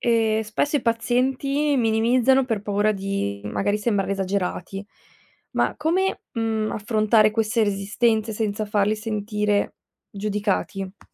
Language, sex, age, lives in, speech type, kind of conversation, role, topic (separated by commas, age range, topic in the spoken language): Italian, female, 20-24, Italy, read, expert-assistant, asker, Cosa significa davvero rispettare i desideri di un paziente?